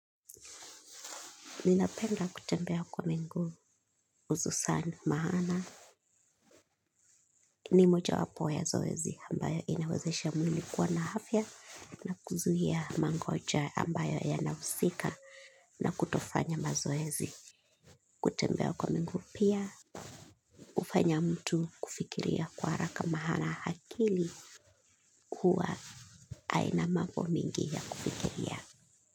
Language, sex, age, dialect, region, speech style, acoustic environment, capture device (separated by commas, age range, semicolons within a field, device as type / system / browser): Swahili, female, 25-34, Kenya - Mombasa, Kenya, relaxed, nature, mobile / Linux / Chrome